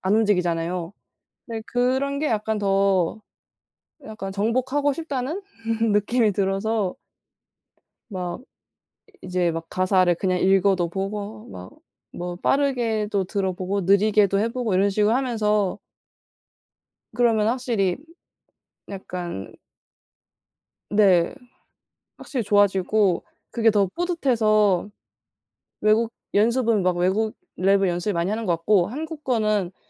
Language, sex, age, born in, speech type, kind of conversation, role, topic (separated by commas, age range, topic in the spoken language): Korean, female, 20-24, South Korea, conversation, unstructured, none, 취미로 시작했는데 힘들었던 경험이 있나요?